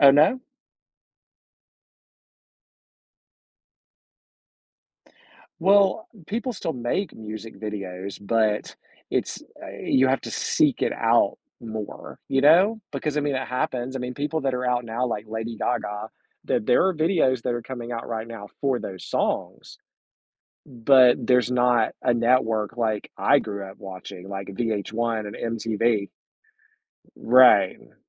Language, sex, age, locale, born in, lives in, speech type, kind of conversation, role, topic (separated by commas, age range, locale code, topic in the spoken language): English, male, 45-49, en-US, United States, United States, conversation, podcast, guest, Why does music have such a powerful effect on our feelings and moods?